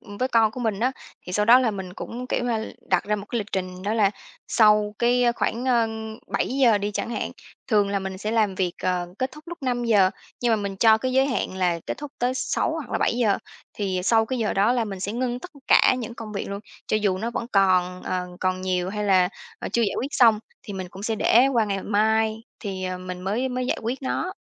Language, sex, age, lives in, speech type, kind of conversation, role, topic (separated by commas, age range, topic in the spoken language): Vietnamese, female, 30-34, Vietnam, conversation, podcast, guest, Làm thế nào bạn cân bằng giữa công việc và gia đình?